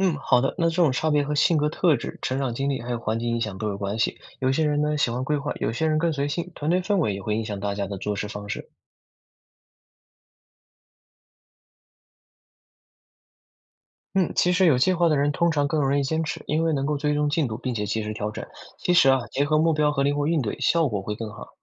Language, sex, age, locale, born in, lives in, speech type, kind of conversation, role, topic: Chinese, male, 20-24, zh-CN, China, United States, read, expert-assistant, expert, 为什么有些人喜欢一年一开始就定下目标，而有些人随时想到就行动？你觉得背后有什么原因？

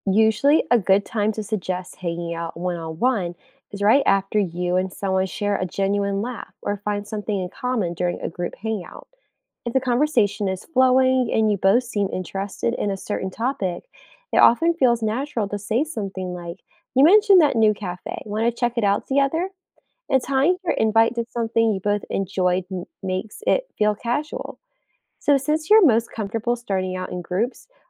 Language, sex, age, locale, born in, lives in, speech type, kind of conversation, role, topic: English, female, 25-29, en-US, United States, United States, read, expert-assistant, expert, What habits can help me become a better friend and strengthen my relationships?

